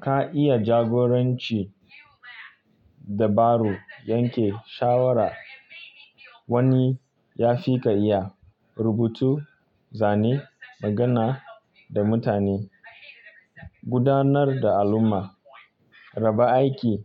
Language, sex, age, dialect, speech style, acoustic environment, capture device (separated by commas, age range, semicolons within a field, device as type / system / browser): Hausa, male, 25-34, Nigeria - Kano, stressed, people talking, mobile / Linux / Chrome